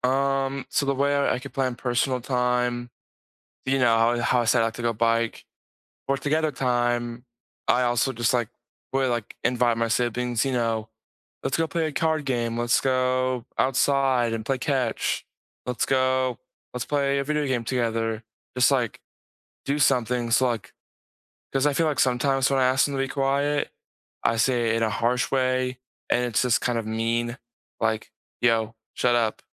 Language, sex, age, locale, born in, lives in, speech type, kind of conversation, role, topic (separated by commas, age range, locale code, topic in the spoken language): English, male, 18-19, en-US, United States, United States, conversation, unstructured, none, How can you balance personal space and shared time to strengthen your connection?